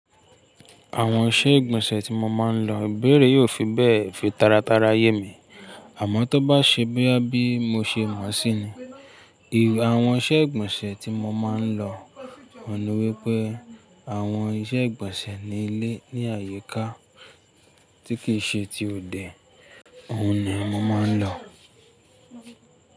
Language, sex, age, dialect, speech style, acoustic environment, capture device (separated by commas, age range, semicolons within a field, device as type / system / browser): Yoruba, male, 25-34, Nigeria - Ibadan, relaxed, silence, mobile / Linux / Chrome